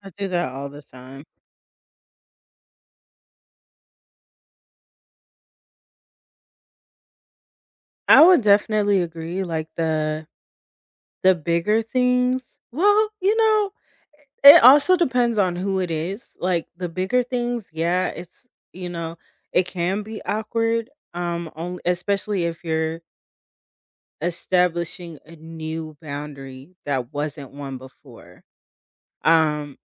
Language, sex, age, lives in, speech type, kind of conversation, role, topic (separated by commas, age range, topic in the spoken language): English, female, 30-34, United States, conversation, unstructured, none, How do you set small boundaries without making things feel awkward?